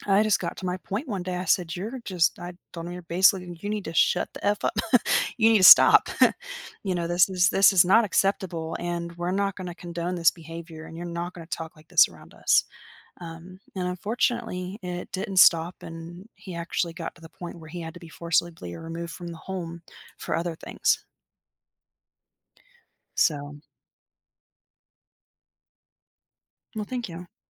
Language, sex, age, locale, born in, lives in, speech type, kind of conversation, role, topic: English, female, 30-34, en-US, United States, United States, conversation, podcast, guest, How do your personal values guide your decisions during difficult situations?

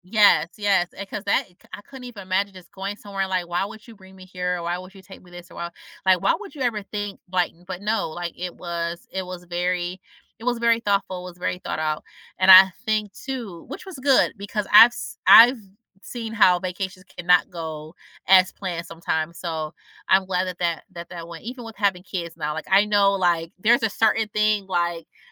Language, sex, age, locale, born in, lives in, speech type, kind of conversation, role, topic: English, female, 35-39, en-US, United States, United States, conversation, advice, user, How do I respond when I find out my partner planned a surprise trip without telling me?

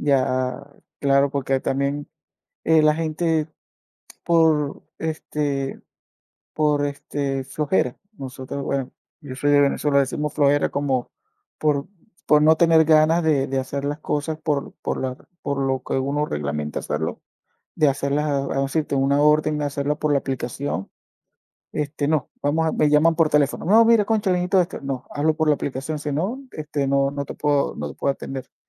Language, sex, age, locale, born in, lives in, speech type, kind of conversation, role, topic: Spanish, male, 45-49, es-VE, Venezuela, United States, conversation, unstructured, none, ¿Alguna vez has sentido que tu trabajo te ha agotado emocionalmente?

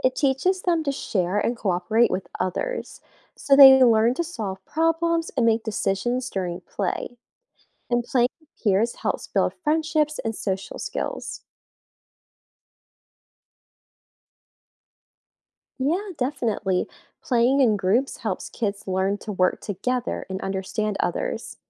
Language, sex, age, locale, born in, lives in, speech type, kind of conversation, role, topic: English, female, 20-24, en-US, United States, United States, read, expert-assistant, expert, What are the benefits of outdoor play for children?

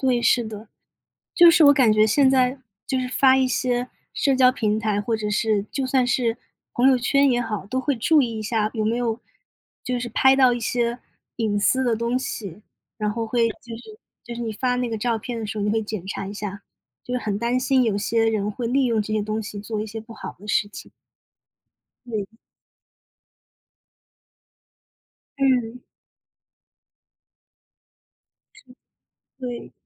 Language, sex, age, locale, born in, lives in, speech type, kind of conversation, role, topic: Chinese, female, 25-29, zh-CN, China, United States, conversation, unstructured, none, 你会担心社交媒体泄露你的个人信息吗？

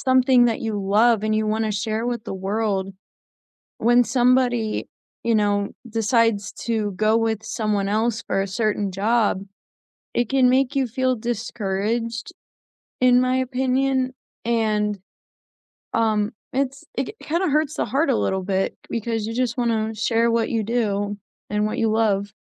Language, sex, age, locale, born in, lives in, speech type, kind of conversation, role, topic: English, female, 20-24, en-US, United States, United States, conversation, unstructured, none, Have you ever been unfairly passed over for a promotion?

